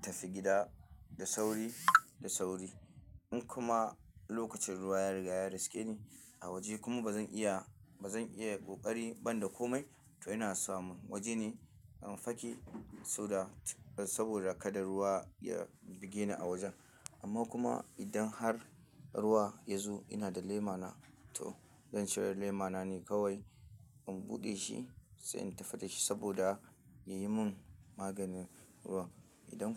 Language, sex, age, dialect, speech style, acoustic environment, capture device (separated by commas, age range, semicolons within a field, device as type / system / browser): Hausa, male, 25-34, Nigeria - Kano, happy, nature, mobile / Linux / Chrome